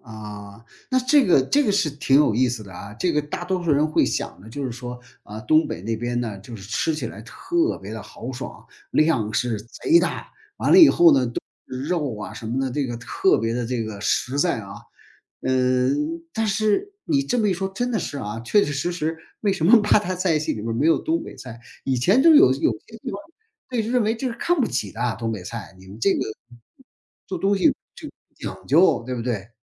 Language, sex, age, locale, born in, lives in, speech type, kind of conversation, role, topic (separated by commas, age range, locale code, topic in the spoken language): Chinese, male, 55-59, zh-CN, China, United States, conversation, podcast, host, 你家乡过节是什么样子？